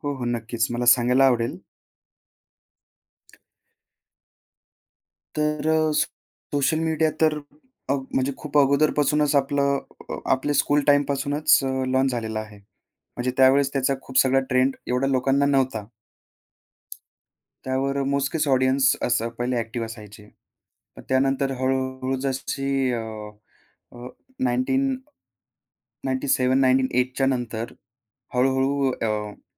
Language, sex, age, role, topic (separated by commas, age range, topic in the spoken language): Marathi, male, 25-29, guest, सोशल मीडियाने तुमची शैली कशी घडवली?